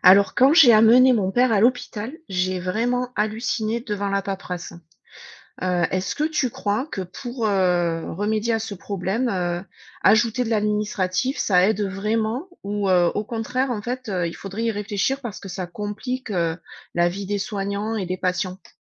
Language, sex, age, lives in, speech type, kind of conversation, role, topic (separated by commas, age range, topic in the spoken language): French, female, 40-44, United States, read, expert-assistant, asker, Avoir plus d’administratif dans les hôpitaux, est-ce que ça aide ou ça complique la vie des patients et des soignants ?